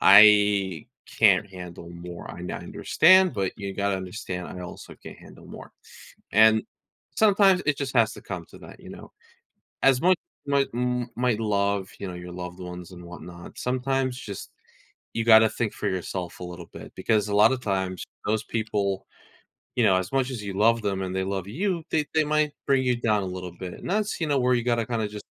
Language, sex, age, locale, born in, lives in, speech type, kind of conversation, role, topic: English, male, 20-24, en-US, United States, United States, conversation, advice, advisor, How can I balance school, hobbies, and family without feeling overwhelmed?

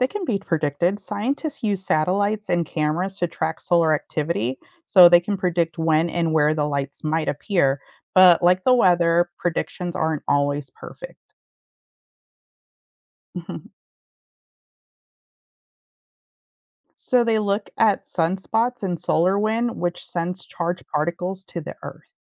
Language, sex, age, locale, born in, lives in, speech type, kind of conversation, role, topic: English, female, 35-39, en-US, United States, United States, read, expert-assistant, expert, What makes the northern lights appear in the sky?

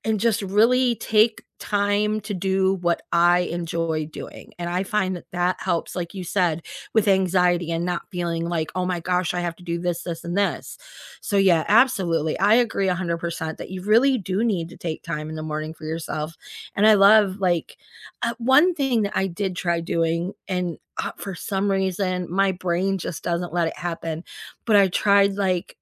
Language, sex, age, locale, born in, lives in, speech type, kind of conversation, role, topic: English, female, 50-54, en-US, United States, United States, conversation, unstructured, none, What small daily rituals make your mornings feel right?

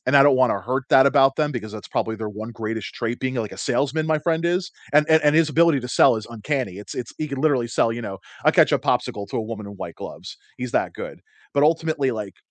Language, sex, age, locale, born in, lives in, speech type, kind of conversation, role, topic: English, male, 35-39, en-US, United States, United States, conversation, unstructured, none, What does honesty mean to you in daily life?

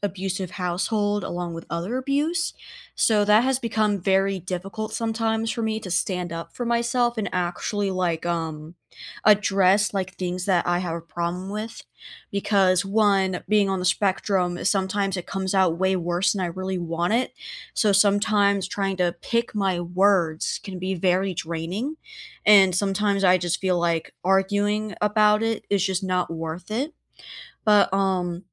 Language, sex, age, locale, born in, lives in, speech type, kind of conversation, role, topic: English, female, 20-24, en-US, United States, United States, conversation, unstructured, none, How do you handle disagreements so that you both feel heard and stay connected?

